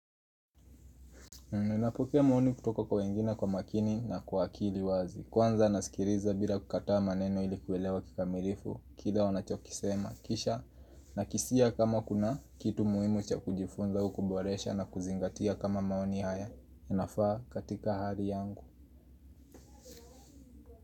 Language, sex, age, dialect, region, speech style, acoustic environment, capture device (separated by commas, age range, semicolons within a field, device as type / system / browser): Swahili, male, 18-24, Kenya - Nairobi Sheng-influenced, Kenya, happy; nervous, people talking; music, mobile / Linux / Chrome